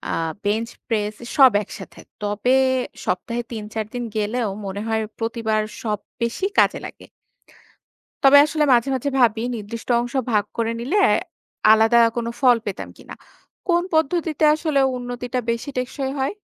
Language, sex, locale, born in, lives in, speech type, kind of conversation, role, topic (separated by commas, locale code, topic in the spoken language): Bengali, female, bn-BD, Bangladesh, Bangladesh, read, expert-assistant, asker, শক্তি বাড়াতে ফ্রি ওয়েটস নাকি মেশিন—কোনটা বেশি উপকারী?